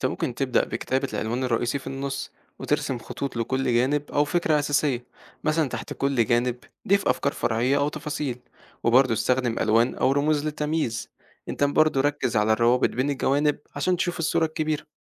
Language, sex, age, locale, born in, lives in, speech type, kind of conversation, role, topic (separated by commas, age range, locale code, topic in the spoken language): Arabic, male, 18-19, ar-EG, Egypt, Egypt, read, expert-assistant, expert, إزاي أتعامل مع الأخبار الكتير والمعلومات المتضاربة في الإعلام عشان أخد قرارات صح في حياتي؟